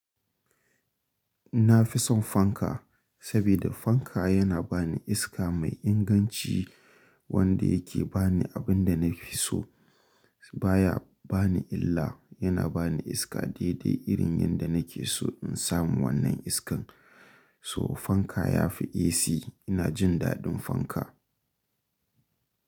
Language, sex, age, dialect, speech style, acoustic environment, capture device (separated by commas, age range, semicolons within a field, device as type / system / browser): Hausa, male, 25-34, Nigeria - Kano, happy, nature, mobile / Linux / Chrome